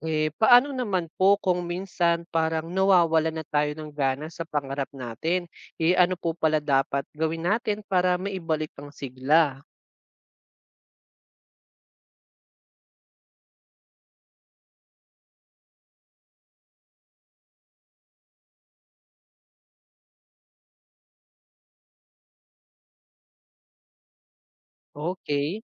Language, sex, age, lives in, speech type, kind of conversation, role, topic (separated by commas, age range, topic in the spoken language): Filipino, male, 25-29, Philippines, read, expert-assistant, asker, Bakit mahalaga ang pagkakaroon ng pangarap sa buhay?